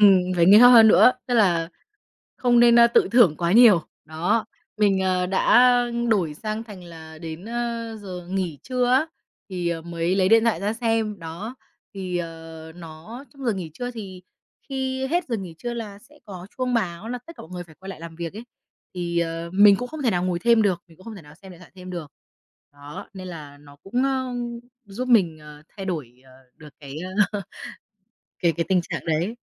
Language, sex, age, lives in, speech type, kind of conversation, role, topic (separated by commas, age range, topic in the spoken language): Vietnamese, female, 25-29, Vietnam, conversation, podcast, guest, Thói quen nào giúp bạn dễ vào trạng thái tập trung sâu?